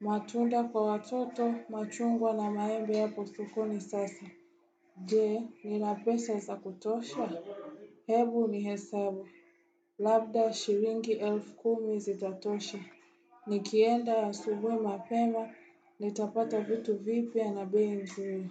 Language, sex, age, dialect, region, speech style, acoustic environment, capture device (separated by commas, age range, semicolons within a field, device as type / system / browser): Swahili, female, 18-24, Tanzania - Dar es Salaam, Tanzania, relaxed; focused, appliances, desktop / Windows / Chrome